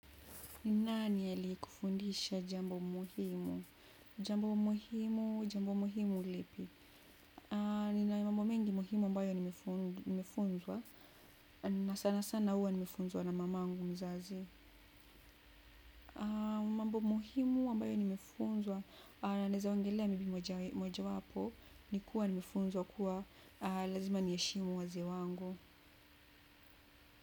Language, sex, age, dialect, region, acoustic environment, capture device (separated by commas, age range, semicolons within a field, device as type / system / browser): Swahili, female, 25-34, Kenya - Nairobi Sheng-influenced, Kenya, appliances, desktop / Windows / Chrome